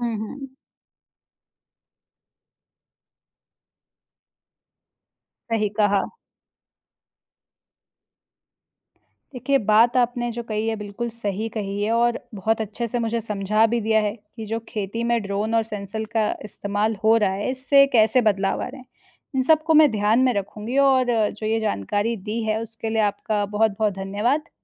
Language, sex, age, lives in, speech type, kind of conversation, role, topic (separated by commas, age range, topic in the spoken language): Hindi, female, 25-29, India, read, expert-assistant, asker, खेती में ड्रोन और सेंसर का इस्तेमाल बढ़ने से गाँव की ज़िंदगी में क्या-क्या बदलाव आ सकते हैं?